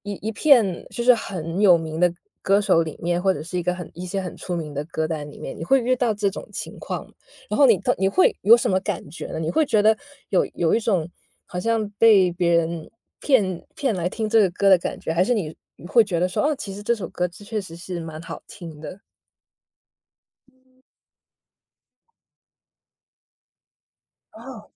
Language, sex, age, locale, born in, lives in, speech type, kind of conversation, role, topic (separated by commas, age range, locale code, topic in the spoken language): Chinese, female, 30-34, zh-CN, China, United States, conversation, podcast, host, 你通常是怎么发现新歌的？